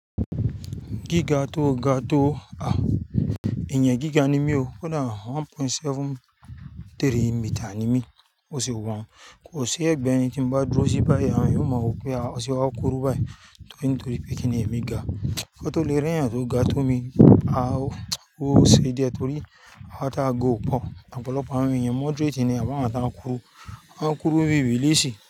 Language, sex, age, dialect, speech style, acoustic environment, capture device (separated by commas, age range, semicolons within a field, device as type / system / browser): Yoruba, male, 35-44, Nigeria - Lagos Yoruba, excited, music; people talking, mobile / Linux / Chrome